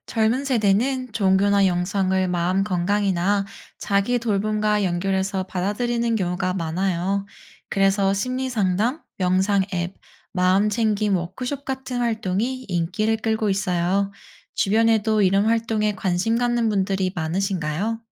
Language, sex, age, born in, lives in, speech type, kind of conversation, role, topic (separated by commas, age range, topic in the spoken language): Korean, female, 30-34, South Korea, United States, read, expert-assistant, expert, 요즘 세대가 종교에 관심을 가질 수 있는 새로운 방법이 있을까요?